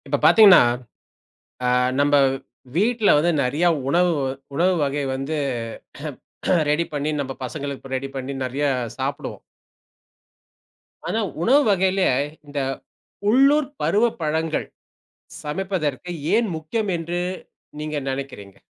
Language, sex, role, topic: Tamil, male, host, உள்ளூர் பருவப் பழங்களை சமையலில் பயன்படுத்துவது ஏன் முக்கியம் என்று நீங்கள் கூறுவீர்களா?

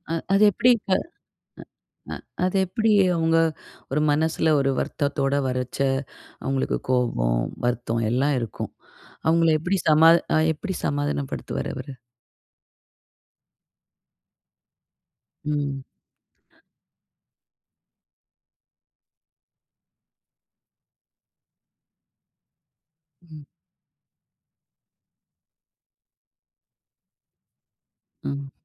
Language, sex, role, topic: Tamil, female, host, நீண்டகால உறவுகளை எப்படி பராமரிக்கிறீர்கள்?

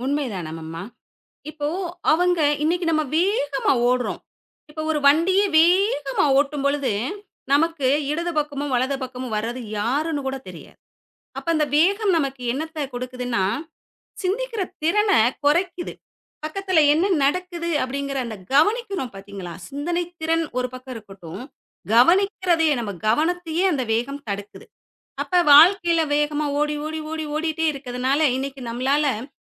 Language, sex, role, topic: Tamil, female, guest, பாட்டி சொல்லும் கதைகள் இன்றைய புதிர்களைப் போலவே கதை சொல்லும் முறையிலா இருக்கின்றன?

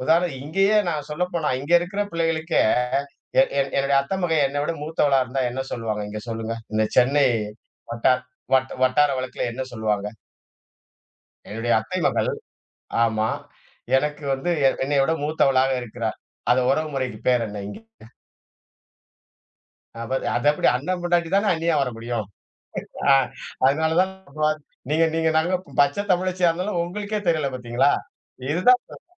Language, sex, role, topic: Tamil, male, guest, புதிய நாட்டில் பிறந்த குழந்தைகளிடம் அவர்களின் சொந்தப் பிணைப்பு மற்றும் அடையாளத்தை எப்படிச் சொல்லிப் புரிய வைக்கலாம்?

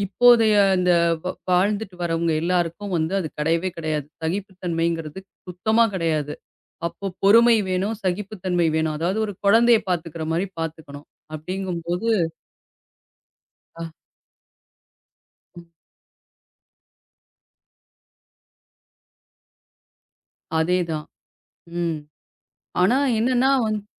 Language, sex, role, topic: Tamil, female, guest, மருமக்கள் முதியவர்களை எப்படிப் பார்த்துக்கொள்கிறார்கள்?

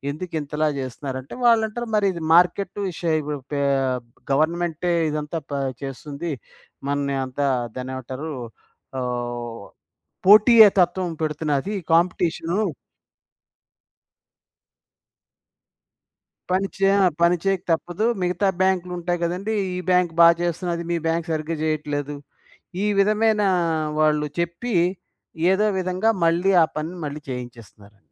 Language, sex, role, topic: Telugu, male, guest, పని-జీవిత సమతౌల్యం మీకు ఎంత ముఖ్యమైనది?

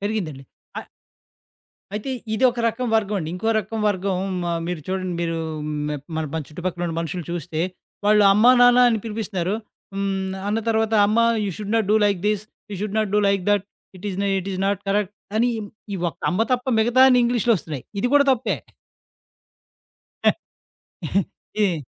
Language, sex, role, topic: Telugu, male, host, భాషను కోల్పోతున్నానని మీకు మొదటిసారి ఎప్పుడు అనిపించింది, ఆ అనుభవం ఎలా ఉండింది?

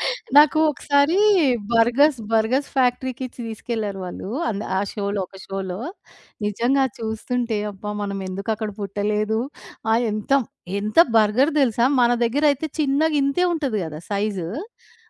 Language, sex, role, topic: Telugu, female, host, మీ చిన్నప్పట్లో మీకు ఎక్కువగా ఇష్టమైన టెలివిజన్ కార్యక్రమం ఏది, అది ఎందుకు ఇష్టమైంది?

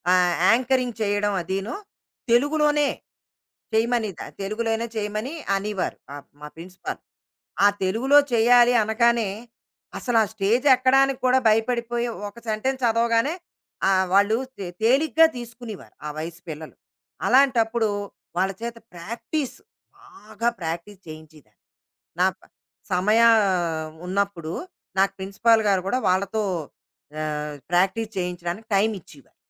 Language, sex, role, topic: Telugu, female, guest, ఆత్మవిశ్వాసాన్ని పెంపొందించుకోవడానికి మీరు ఏం చేశారు?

